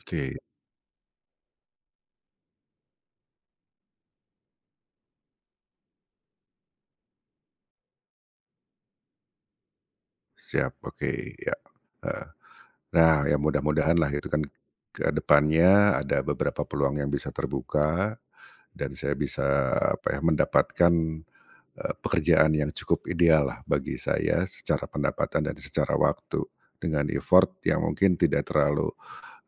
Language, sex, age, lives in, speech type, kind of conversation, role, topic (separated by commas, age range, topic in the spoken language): Indonesian, male, 45-49, Indonesia, conversation, advice, user, Bagaimana cara saya mengganti karier agar bisa menjalani hidup yang lebih seimbang?